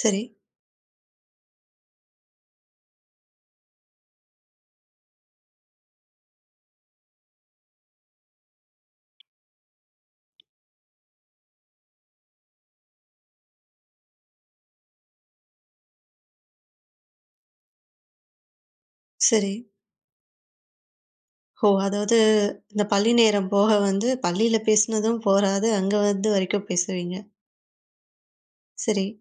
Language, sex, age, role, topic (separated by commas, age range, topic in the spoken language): Tamil, female, 20-24, host, நீண்டநாள் நண்பர்களுடனான நட்பை நீங்கள் எப்படிப் பேணிக்கொள்கிறீர்கள்?